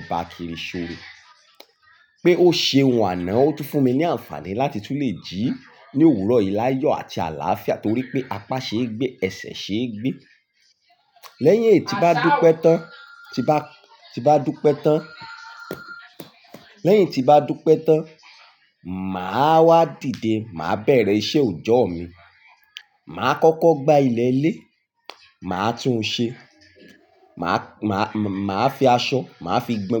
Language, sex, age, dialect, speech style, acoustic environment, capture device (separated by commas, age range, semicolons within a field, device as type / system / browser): Yoruba, male, 18-24, Nigeria - Ibadan, excited; relaxed, music; people talking; appliances, mobile / Linux / Chrome